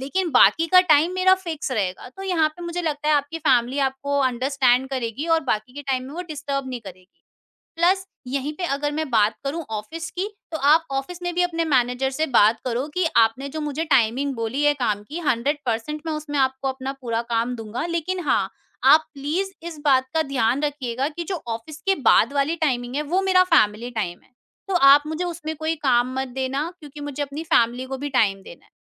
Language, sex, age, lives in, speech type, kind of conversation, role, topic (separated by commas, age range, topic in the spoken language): Hindi, female, 50-54, India, conversation, podcast, guest, Burnout lag raha ho, toh sabse pehle kya karna chahiye?